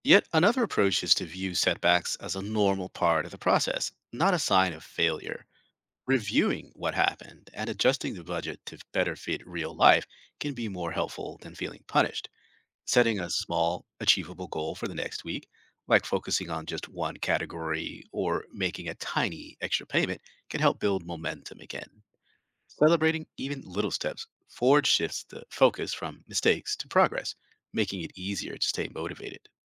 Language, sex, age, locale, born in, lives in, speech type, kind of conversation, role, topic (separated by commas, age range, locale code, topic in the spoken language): English, male, 45-49, en-US, United States, United States, read, expert-assistant, expert, How can I make budgeting feel less restrictive and more enjoyable in everyday life?